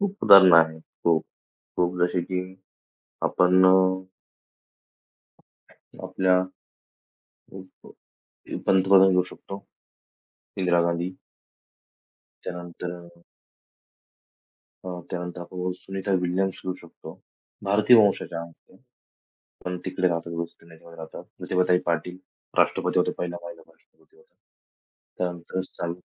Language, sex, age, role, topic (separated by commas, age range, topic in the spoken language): Marathi, male, 25-29, guest, पिढी बदलताना परंपरा सोडाव्या की जपाव्या, याबद्दल तुमचे मत काय आहे?